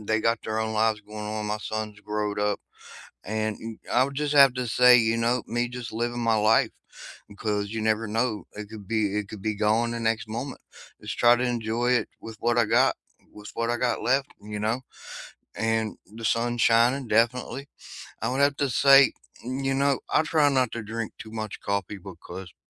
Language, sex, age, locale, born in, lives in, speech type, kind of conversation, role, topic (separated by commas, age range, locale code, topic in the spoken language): English, male, 40-44, en-US, United States, United States, conversation, unstructured, none, What has been the highlight of your day so far, and why did it matter to you?